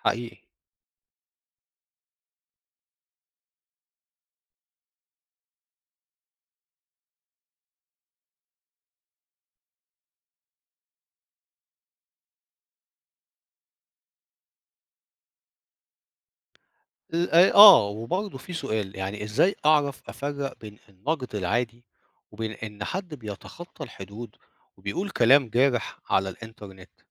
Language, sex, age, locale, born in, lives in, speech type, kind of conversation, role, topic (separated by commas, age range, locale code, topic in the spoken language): Arabic, male, 50-54, ar-EG, Egypt, Portugal, read, expert-assistant, asker, ما أهمية احترام التنوع الثقافي في المجتمع؟